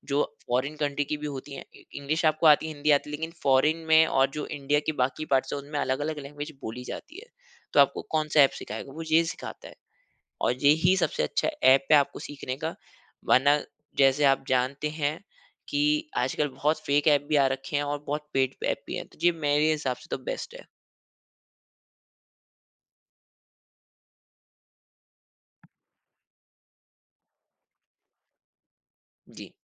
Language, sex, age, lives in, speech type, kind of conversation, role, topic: Hindi, male, 25-29, India, conversation, podcast, guest, Kaunse apps ya websites tum self-learning ke liye use karte ho?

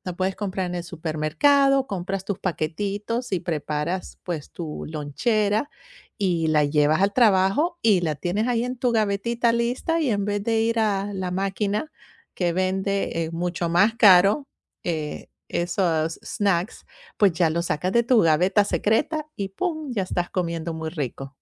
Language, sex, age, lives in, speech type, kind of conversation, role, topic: Spanish, female, 45-49, United States, conversation, advice, advisor, ¿Cuáles son los pequeños gastos diarios que te impiden ahorrar de forma constante?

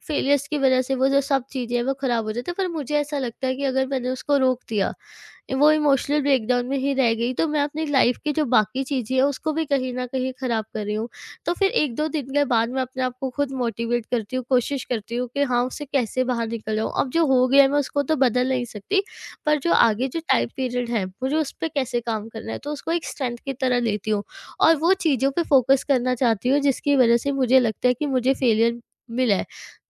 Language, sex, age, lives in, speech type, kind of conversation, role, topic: Hindi, female, 20-24, India, conversation, podcast, guest, Tum apni nakaamiyon ko kaise dekhte ho?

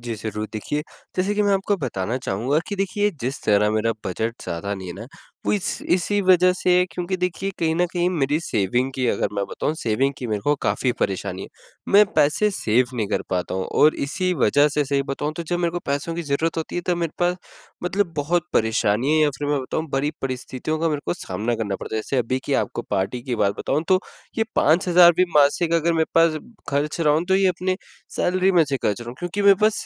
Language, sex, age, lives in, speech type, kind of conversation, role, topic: Hindi, male, 20-24, India, conversation, advice, user, बजट में स्टाइलिश कपड़े और उपहार कैसे चुनें और प्रबंधित करें?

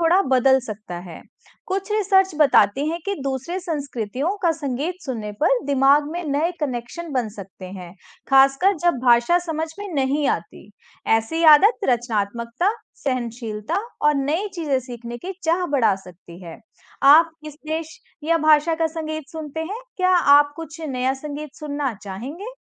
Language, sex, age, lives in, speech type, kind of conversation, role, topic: Hindi, female, 35-39, India, read, expert-assistant, expert, संगीत सुनने से दिमाग पर क्या प्रभाव पड़ता है?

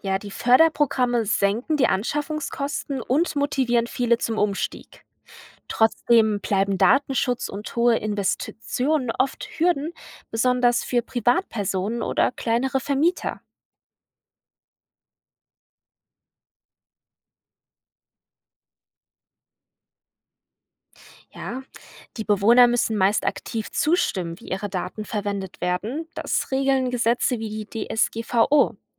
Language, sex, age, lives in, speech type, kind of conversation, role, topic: German, female, 20-24, Germany, read, expert-assistant, expert, Warum entstehen Wetterextreme wie Stürme, Dürren oder Überschwemmungen immer häufiger?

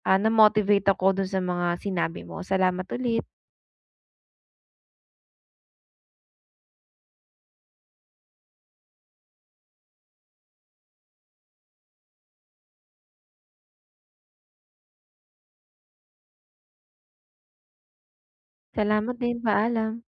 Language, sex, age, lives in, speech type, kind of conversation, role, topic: Filipino, female, 25-29, United States, conversation, advice, user, Paano ako makakapagpahinga nang maayos habang nasa bahay?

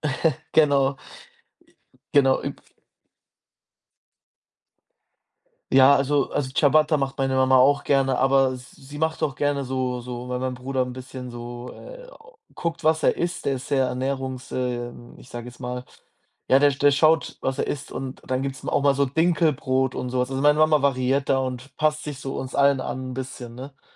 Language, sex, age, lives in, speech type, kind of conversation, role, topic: German, male, 20-24, Germany, conversation, podcast, guest, Was macht ein gutes Sonntagsessen für dich aus?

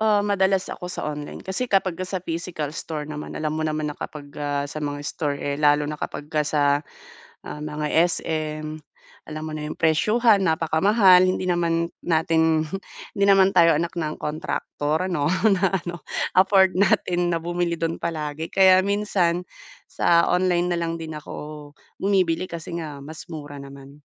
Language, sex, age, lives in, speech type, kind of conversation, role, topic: Filipino, female, 30-34, Philippines, conversation, advice, user, Paano ko malalaman ang tamang sukat ng damit para sa akin?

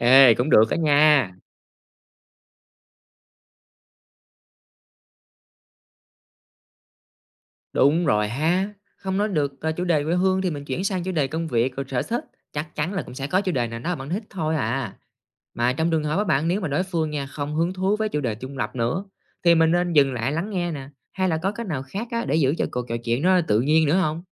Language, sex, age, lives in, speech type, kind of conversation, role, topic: Vietnamese, male, 30-34, Vietnam, read, expert-assistant, asker, Có cách nào giúp mình nhận ra và điều chỉnh cách nói chuyện khi gặp người từ vùng miền khác không?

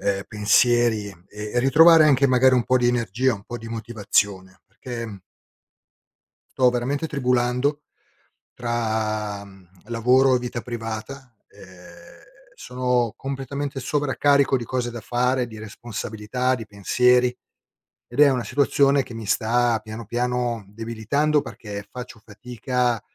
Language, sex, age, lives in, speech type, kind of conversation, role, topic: Italian, male, 50-54, Italy, conversation, advice, user, Come posso gestire il sovraccarico di responsabilità tra lavoro, casa e cura degli altri?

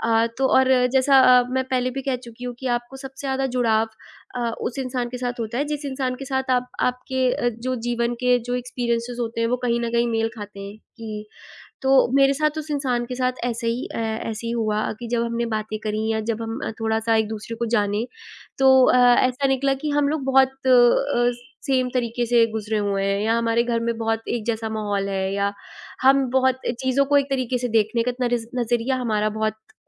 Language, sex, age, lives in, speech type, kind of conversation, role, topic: Hindi, female, 20-24, India, conversation, podcast, guest, क्या कभी किसी अनपेक्षित मुलाकात ने आपकी ज़िंदगी बदल दी है?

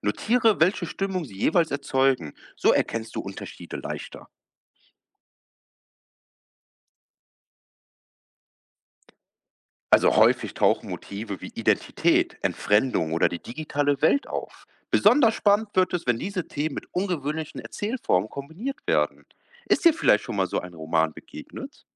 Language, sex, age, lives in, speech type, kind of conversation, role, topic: German, male, 25-29, Germany, read, expert-assistant, expert, Welche Methoden helfen mir dabei, aktuelle Romane besser zu verstehen und noch mehr aus dem Lesen herauszuholen?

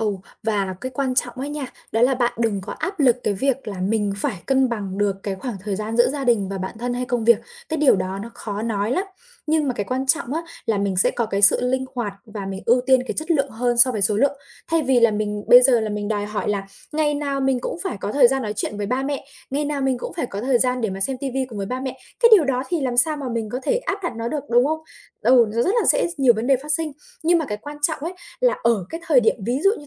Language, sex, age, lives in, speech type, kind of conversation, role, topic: Vietnamese, female, 25-29, Vietnam, conversation, advice, advisor, Làm thế nào để khóa thời gian dành cho gia đình và nghỉ ngơi một cách hiệu quả?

